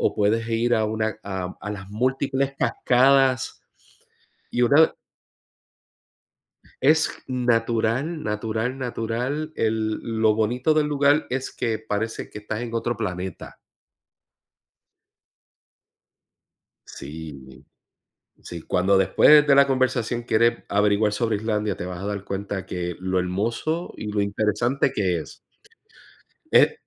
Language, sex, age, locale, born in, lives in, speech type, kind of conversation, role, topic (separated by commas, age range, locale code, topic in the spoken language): Spanish, male, 45-49, es-US, Puerto Rico, United States, conversation, unstructured, none, ¿Hay alguna aventura que te gustaría vivir?